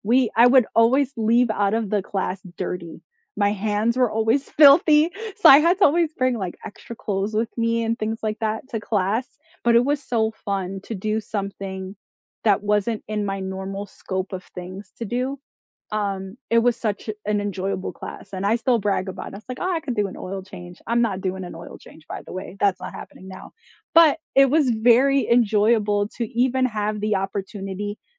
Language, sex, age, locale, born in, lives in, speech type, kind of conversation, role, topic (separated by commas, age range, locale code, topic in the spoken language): English, female, 35-39, en-US, United States, United States, conversation, unstructured, none, What was your favorite subject in school, and why?